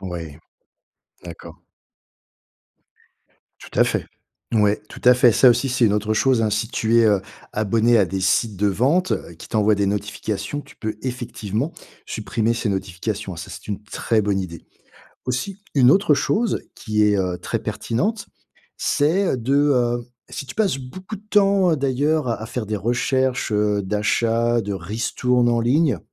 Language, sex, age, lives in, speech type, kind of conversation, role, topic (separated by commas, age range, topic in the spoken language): French, male, 50-54, France, conversation, advice, advisor, Comment reconnaître mes achats impulsifs ?